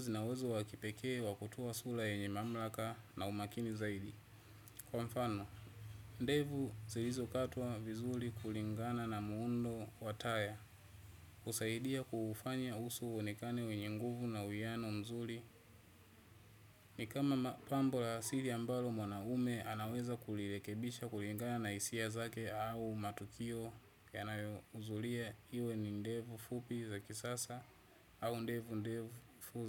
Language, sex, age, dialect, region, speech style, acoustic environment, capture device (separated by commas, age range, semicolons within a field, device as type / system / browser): Swahili, male, 25-34, Tanzania - Dar es Salaam, Tanzania, relaxed, people talking; traffic; nature, desktop / Windows / Chrome